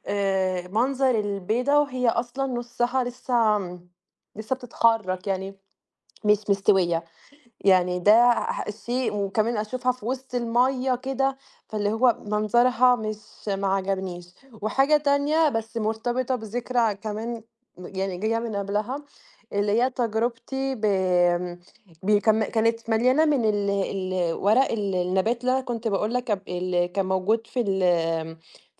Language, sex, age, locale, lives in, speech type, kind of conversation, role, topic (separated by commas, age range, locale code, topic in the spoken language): Arabic, female, 20-24, ar-EG, Italy, conversation, unstructured, none, إيه أسوأ أكلة جربتها، وليه ما عجبتك؟